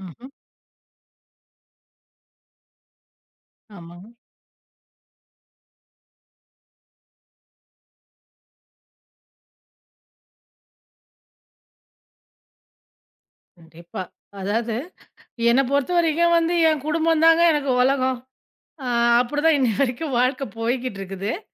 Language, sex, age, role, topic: Tamil, female, 40-44, guest, வெளியில் ஒரு மணி நேரம் மட்டும் தனியாக இருக்க நேர்ந்தால், நீங்கள் என்ன செய்வீர்கள்?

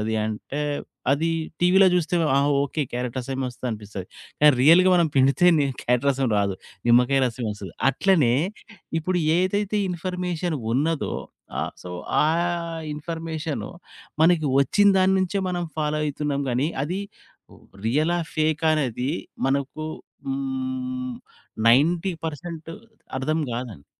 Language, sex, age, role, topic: Telugu, male, 40-44, guest, ఫేక్ న్యూస్‌ను మీరు ఎలా గుర్తించి తప్పిస్తారు?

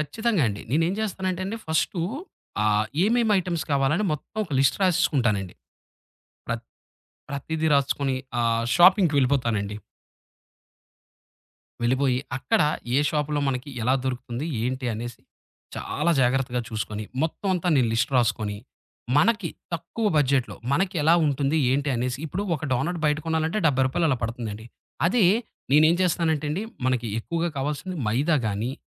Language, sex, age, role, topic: Telugu, male, 30-34, guest, తక్కువ బడ్జెట్‌లో వంట రుచిని ఎలా పరిపూర్ణంగా ఉంచగలరు?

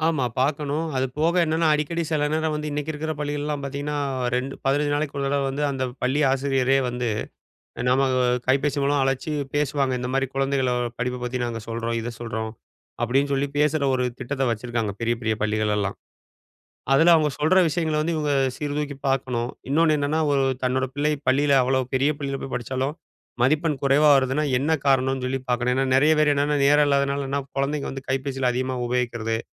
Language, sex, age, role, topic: Tamil, male, 40-44, guest, கல்வியில் குழந்தைக்கு உதவ பெற்றோர் எந்த வகையில் ஈடுபடுவது சிறந்தது?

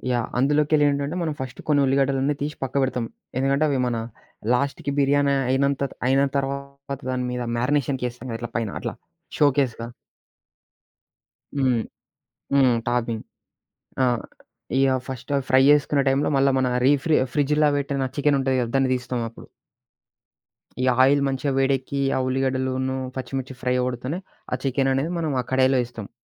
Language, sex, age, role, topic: Telugu, male, 20-24, guest, మీకు చిన్న సంబరాన్ని తెచ్చే వంటకం ఏది?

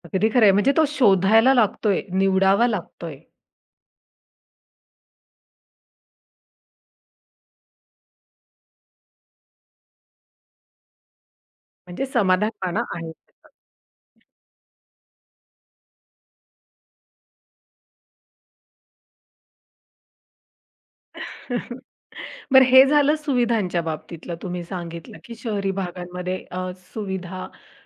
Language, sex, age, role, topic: Marathi, female, 30-34, host, पैसा आणि आनंद यांपैकी तुम्ही कोणाला प्राधान्य द्याल?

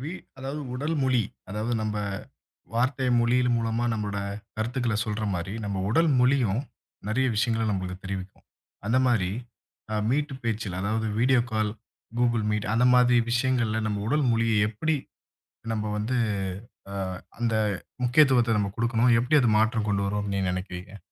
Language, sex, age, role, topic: Tamil, male, 25-29, host, வீடியோ அழைப்பில் உடல்மொழி எப்படிப் மாறுகிறது?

